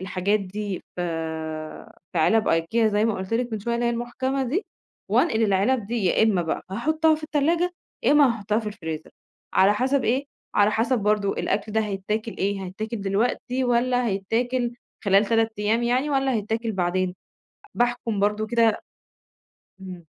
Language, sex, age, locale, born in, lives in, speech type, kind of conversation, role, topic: Arabic, female, 30-34, ar-EG, Egypt, Egypt, conversation, podcast, guest, إيه أفكارك لتقليل رمي الأكل في البيت؟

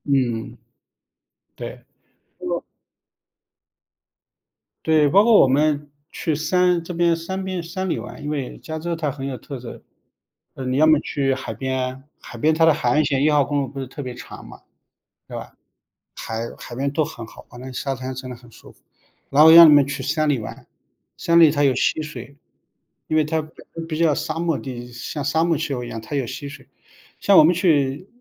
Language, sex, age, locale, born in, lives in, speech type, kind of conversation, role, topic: Chinese, male, 45-49, zh-CN, China, United States, conversation, unstructured, none, 你觉得旅游时被宰客是一个常见问题吗？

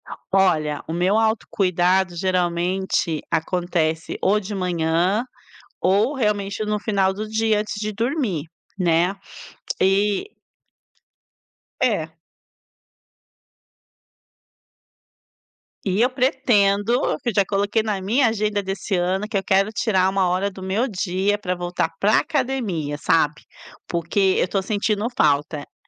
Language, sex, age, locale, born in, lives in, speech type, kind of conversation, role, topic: Portuguese, female, 45-49, pt-BR, Brazil, Italy, conversation, podcast, guest, Como começa o seu dia em casa, bem devagar ou na correria?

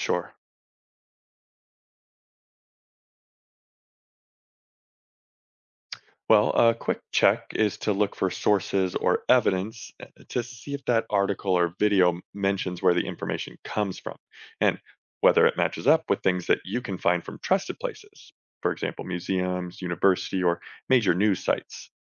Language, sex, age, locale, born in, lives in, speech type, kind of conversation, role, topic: English, male, 35-39, en-US, United States, United States, read, expert-assistant, expert, How does learning about history help us understand the present?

